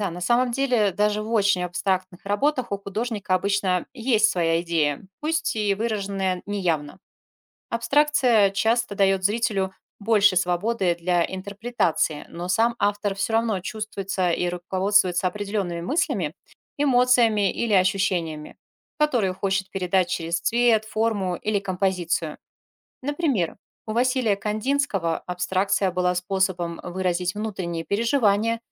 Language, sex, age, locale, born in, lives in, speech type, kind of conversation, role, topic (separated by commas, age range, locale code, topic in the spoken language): Russian, female, 40-44, ru-RU, Russia, Spain, read, expert-assistant, expert, Кто определяет смысл произведения искусства — художник или зрители?